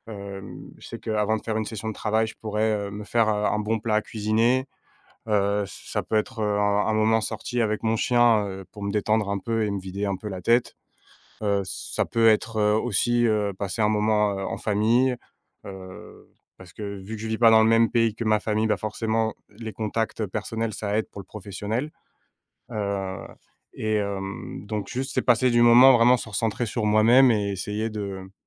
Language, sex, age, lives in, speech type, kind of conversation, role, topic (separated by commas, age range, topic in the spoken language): French, male, 30-34, France, conversation, podcast, guest, Quel est ton rituel avant de commencer une session créative ?